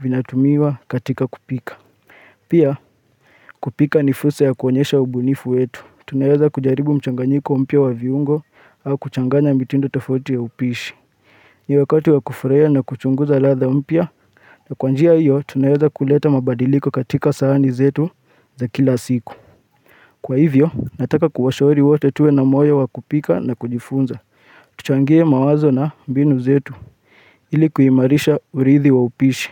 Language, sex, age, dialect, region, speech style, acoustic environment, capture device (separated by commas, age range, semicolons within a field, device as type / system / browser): Swahili, male, 25-34, Kenya - Nairobi Sheng-influenced, Kenya, focused, nature, mobile / Linux / Chrome